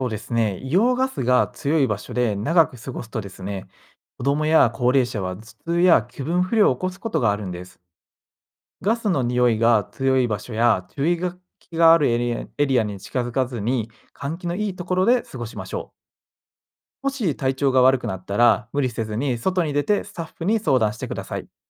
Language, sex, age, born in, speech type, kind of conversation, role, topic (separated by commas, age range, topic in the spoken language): Japanese, male, 25-29, Japan, read, expert-assistant, expert, 温泉地の魅力と、地質的なリスクについてどう考えますか？